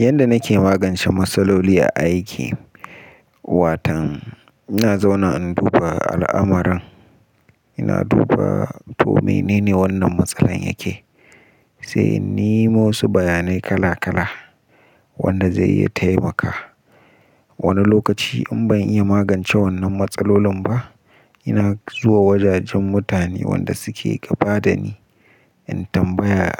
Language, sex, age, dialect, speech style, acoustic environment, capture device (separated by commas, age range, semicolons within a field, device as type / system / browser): Hausa, male, 18-24, Nigeria - Nigerian Standard, relaxed, nature; appliances, mobile / Linux / Chrome